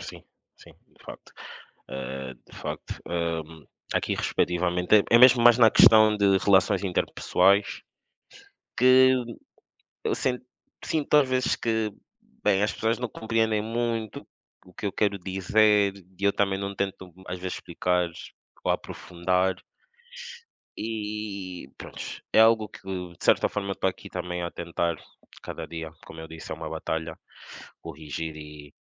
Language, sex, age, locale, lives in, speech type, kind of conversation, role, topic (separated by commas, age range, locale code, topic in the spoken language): Portuguese, male, 25-29, pt-PT, Portugal, conversation, unstructured, none, Você já se surpreendeu com algum hábito que mudou sua vida?